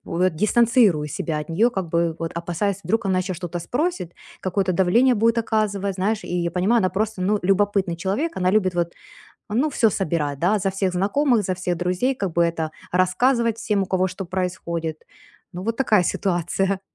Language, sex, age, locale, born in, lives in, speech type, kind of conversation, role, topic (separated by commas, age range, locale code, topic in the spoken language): Russian, female, 40-44, ru-UA, Ukraine, United States, conversation, advice, user, Как мне установить границы и сократить эмоциональную дистанцию в семье?